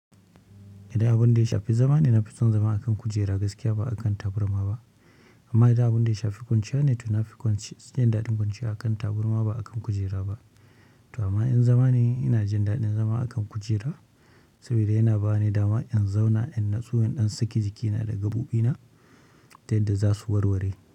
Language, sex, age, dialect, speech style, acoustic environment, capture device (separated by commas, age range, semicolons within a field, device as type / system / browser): Hausa, male, 25-34, Nigeria - Kano, excited, nature, mobile / Linux / Chrome